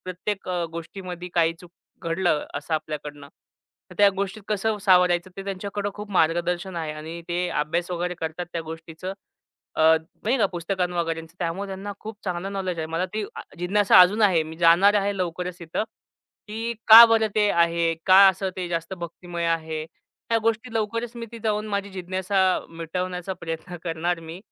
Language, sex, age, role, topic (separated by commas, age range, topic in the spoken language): Marathi, male, 25-29, guest, प्रवासातला कोणता क्षण तुमची जिज्ञासा वाढवणारा ठरला?